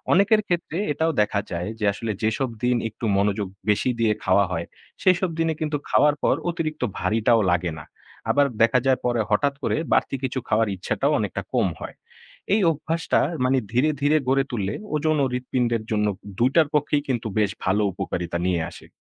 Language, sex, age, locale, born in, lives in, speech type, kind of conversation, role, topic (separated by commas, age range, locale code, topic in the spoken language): Bengali, male, 20-24, bn-BD, Bangladesh, Bangladesh, read, expert-assistant, expert, হৃদপিণ্ড সুস্থ রাখতে দৈনন্দিন কোন অভ্যাসগুলো সবচেয়ে উপকারি?